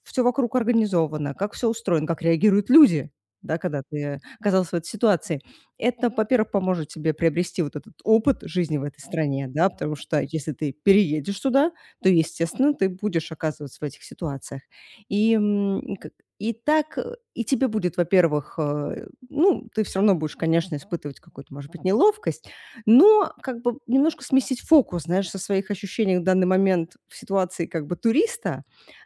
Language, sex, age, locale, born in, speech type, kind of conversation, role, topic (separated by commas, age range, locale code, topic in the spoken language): Russian, female, 35-39, ru-RU, Russia, conversation, advice, advisor, Как мне чувствовать себя спокойнее и увереннее в новых местах?